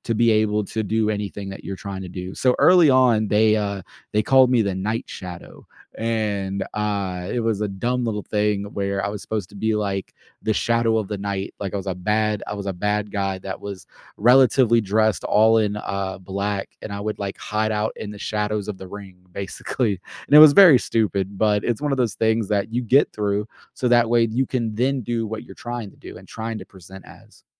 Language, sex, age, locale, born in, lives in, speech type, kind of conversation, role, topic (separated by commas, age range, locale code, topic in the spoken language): English, male, 25-29, en-US, United States, United States, conversation, podcast, guest, How do your daily routines and work habits shape your typical day on the job?